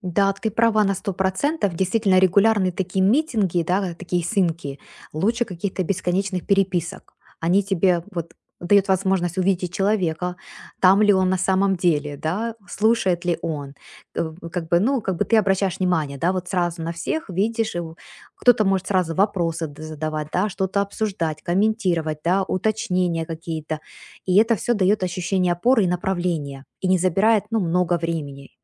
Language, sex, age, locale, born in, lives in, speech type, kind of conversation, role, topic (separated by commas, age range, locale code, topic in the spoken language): Russian, female, 40-44, ru-UA, Ukraine, United States, conversation, advice, advisor, Как построить эффективную удалённую команду и наладить рабочие процессы?